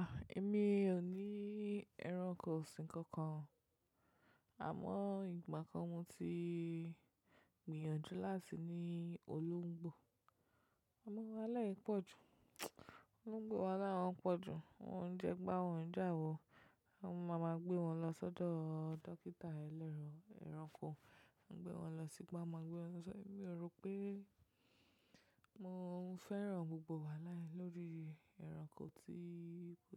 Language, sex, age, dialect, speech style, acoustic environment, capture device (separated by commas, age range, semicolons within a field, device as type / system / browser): Yoruba, female, 25-34, Nigeria - Lagos Yoruba, relaxed, silence, mobile / macOS / Safari